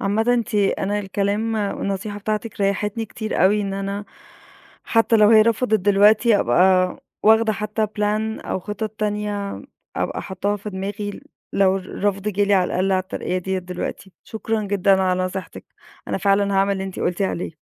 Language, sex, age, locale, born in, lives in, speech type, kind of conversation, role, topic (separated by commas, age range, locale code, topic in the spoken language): Arabic, female, 30-34, ar-EG, Egypt, Egypt, conversation, advice, user, إزاي أطلب ترقية وأنا شاكك إني مستحقها؟